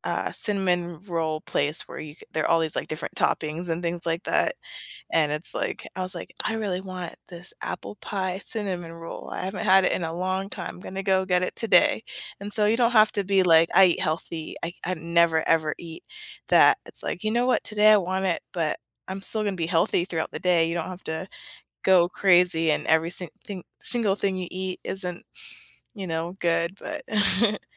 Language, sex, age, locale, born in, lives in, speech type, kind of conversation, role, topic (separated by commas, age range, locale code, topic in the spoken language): English, female, 30-34, en-US, United States, United States, conversation, podcast, guest, What are some practical ways to build healthier eating habits without making drastic changes?